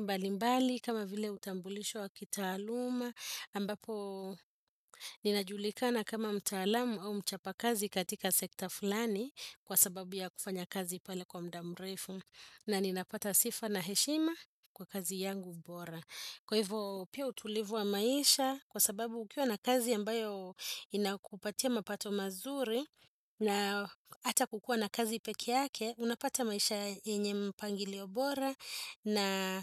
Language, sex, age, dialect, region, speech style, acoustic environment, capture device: Swahili, female, 35-44, Kenya - Nairobi Sheng-influenced, Kenya, happy; focused; relaxed, appliances, desktop / Windows / Chrome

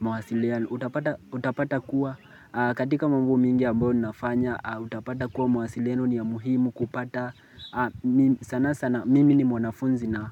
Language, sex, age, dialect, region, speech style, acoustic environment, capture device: Swahili, male, 18-24, Kenya - Mombasa, Kenya, relaxed, nature, mobile / Linux / Chrome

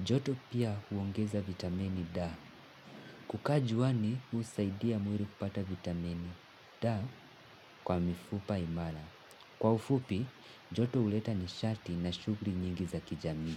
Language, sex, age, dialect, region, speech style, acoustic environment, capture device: Swahili, male, 18-24, Kenya - Mombasa, Kenya, happy, nature, mobile / Linux / Chrome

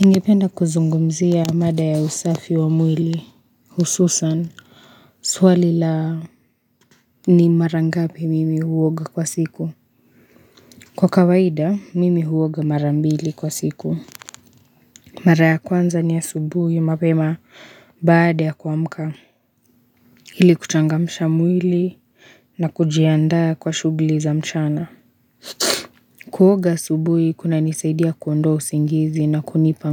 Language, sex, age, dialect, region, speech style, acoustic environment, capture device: Swahili, female, 25-34, Kenya - Nairobi Sheng-influenced, Kenya, relaxed; tired, silence; nature, mobile / Linux / Chrome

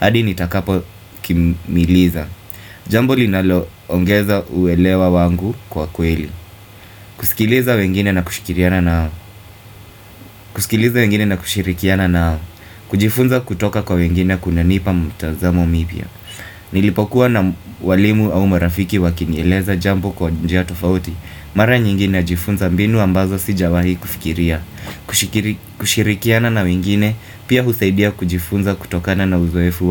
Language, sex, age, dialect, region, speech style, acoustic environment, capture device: Swahili, male, 25-34, Kenya - Mombasa, Kenya, focused; happy, appliances; nature, desktop / Windows / Firefox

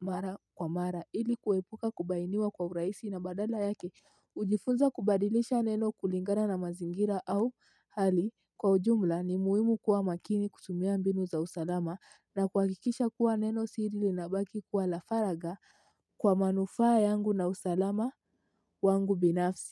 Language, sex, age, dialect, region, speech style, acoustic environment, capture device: Swahili, female, 18-24, Kenya - Mombasa, Kenya, happy; excited; relaxed, silence, mobile / Linux / Chrome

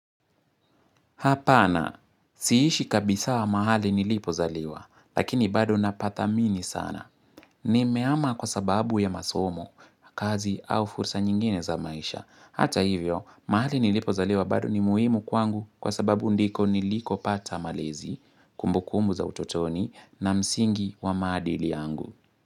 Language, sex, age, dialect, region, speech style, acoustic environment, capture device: Swahili, male, 18-24, Kenya - Nairobi Sheng-influenced, Kenya, happy; relaxed, nature, mobile / Linux / Chrome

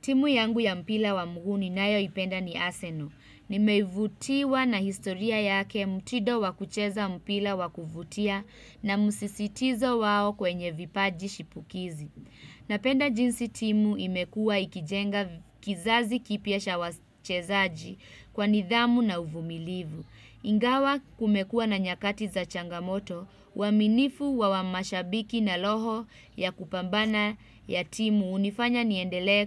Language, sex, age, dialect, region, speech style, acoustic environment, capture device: Swahili, female, 25-34, Kenya - Nairobi Sheng-influenced, Kenya, happy, music, desktop / Windows / Chrome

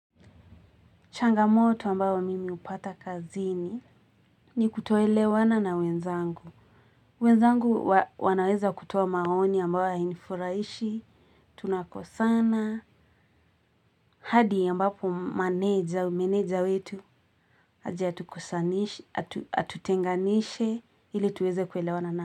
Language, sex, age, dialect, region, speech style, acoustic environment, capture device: Swahili, female, 18-24, Kenya - Nairobi Sheng-influenced, Kenya, happy, people talking; music; nature; traffic, mobile / Linux / Chrome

